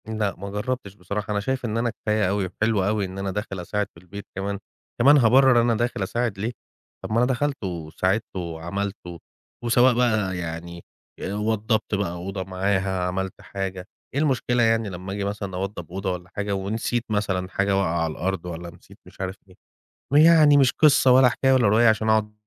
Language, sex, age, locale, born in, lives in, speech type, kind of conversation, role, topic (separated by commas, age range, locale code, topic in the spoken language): Arabic, male, 35-39, ar-EG, Egypt, Egypt, conversation, advice, user, إزاي أتعامل مع الخناقة المستمرة مع شريكي/شريكتي على تقسيم شغل البيت؟